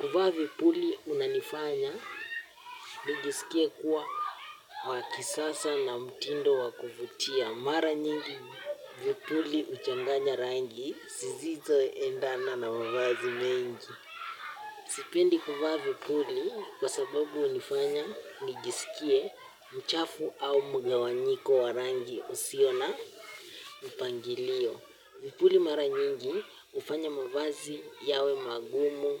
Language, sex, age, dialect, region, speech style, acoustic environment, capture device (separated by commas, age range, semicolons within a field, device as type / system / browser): Swahili, male, 18-24, Kenya - Nairobi Sheng-influenced, Kenya, happy, silence; nature, mobile / Linux / Chrome